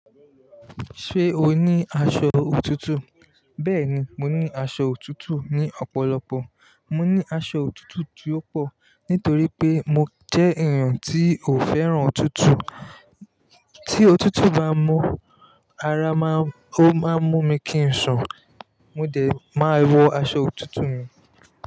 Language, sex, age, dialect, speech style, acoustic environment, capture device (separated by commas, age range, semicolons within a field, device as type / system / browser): Yoruba, male, 25-34, Nigeria - Lagos Yoruba, relaxed, traffic; people talking; music, mobile / Linux / Chrome